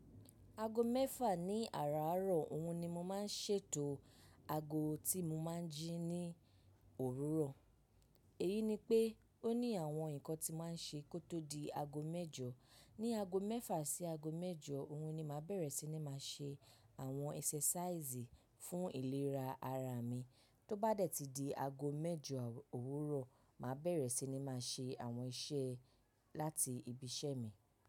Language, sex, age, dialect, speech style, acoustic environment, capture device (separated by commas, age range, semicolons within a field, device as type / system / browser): Yoruba, female, 25-34, Nigeria - Lagos Yoruba, relaxed; focused, nature, mobile / macOS / Safari